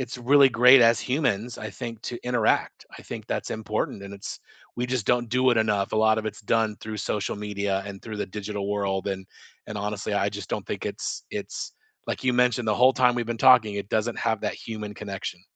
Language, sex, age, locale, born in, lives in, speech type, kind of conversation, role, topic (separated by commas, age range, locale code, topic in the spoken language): English, male, 50-54, en-US, United States, United States, conversation, unstructured, none, How do you take digital breaks without FOMO while staying meaningfully connected to the people who matter most?